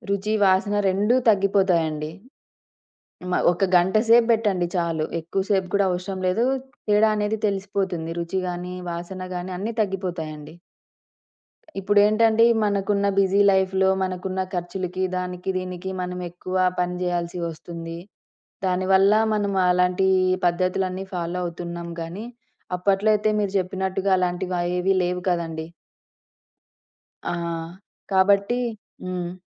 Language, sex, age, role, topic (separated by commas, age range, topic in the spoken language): Telugu, female, 30-34, guest, తోటలో కూరగాయలు పండించడం ప్రారంభించిన తర్వాత మీ ఆహారపు అలవాట్లు, ఆలోచన విధానం ఎలా మారాయి?